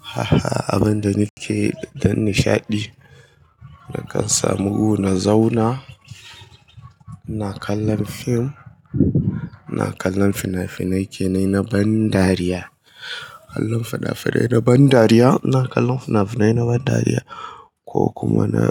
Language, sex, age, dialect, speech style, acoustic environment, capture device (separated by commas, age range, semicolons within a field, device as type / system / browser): Hausa, male, 25-34, Nigeria - Kano, happy; excited, people talking; appliances, mobile / Linux / Chrome